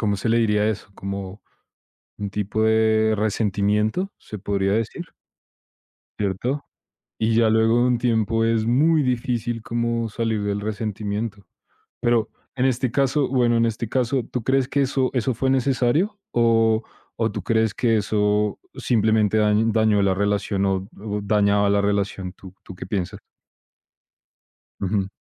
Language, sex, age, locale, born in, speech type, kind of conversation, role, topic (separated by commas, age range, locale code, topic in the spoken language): Spanish, male, 25-29, es-CO, Colombia, conversation, unstructured, none, ¿Crees que los conflictos pueden hacer que las relaciones sean más fuertes?